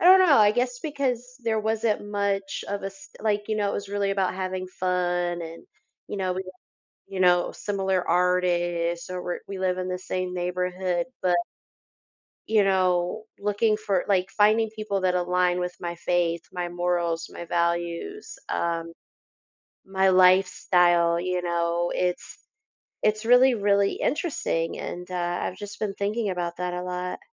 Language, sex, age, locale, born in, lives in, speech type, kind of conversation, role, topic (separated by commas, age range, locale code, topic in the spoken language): English, female, 30-34, en-US, United States, United States, conversation, advice, user, How can I cope when old friendships fade and I miss them?